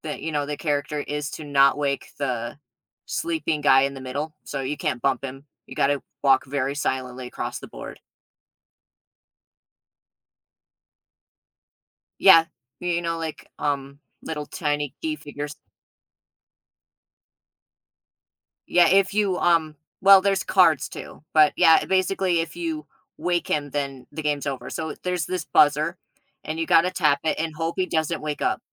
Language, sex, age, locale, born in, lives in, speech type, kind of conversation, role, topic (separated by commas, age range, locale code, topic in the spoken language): English, male, 30-34, en-US, United States, United States, conversation, unstructured, none, Would you rather play video games or board games?